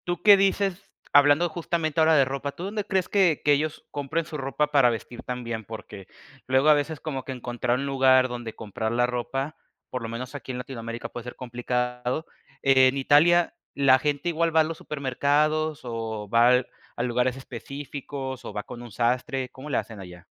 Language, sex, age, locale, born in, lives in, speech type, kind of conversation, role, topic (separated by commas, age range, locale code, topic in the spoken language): Spanish, male, 25-29, es-MX, Mexico, Mexico, conversation, podcast, host, ¿Sientes presión social para vestirte de cierta manera?